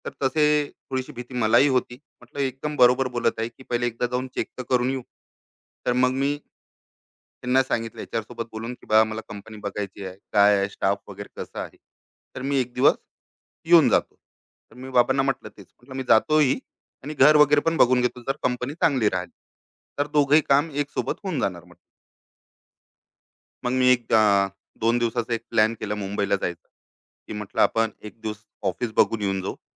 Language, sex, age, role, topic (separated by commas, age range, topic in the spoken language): Marathi, male, 35-39, guest, नोकरी बदलण्याचा निर्णय कुटुंबाला कसा पटवून सांगाल?